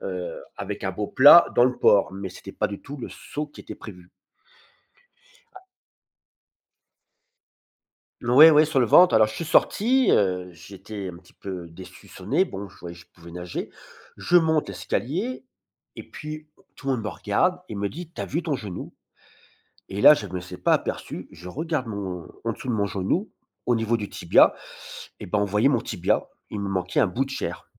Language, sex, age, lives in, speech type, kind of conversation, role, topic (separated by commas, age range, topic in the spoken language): French, male, 50-54, France, conversation, podcast, guest, Quel souvenir d’enfance t’a vraiment marqué ?